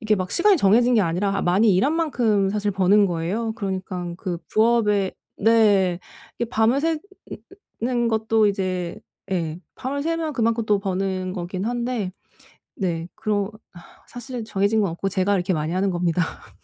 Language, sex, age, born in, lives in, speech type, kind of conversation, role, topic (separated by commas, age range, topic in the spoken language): Korean, female, 35-39, South Korea, Germany, conversation, advice, user, 자기돌봄의 우선순위를 어떻게 정하면 좋을까요?